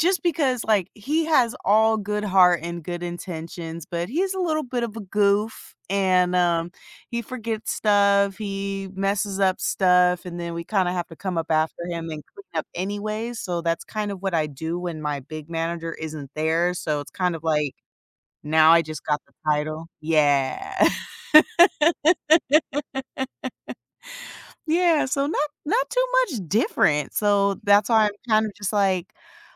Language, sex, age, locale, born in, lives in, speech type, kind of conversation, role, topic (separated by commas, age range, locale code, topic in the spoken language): English, female, 30-34, en-US, United States, United States, conversation, advice, user, How can I set new goals after completing a major accomplishment?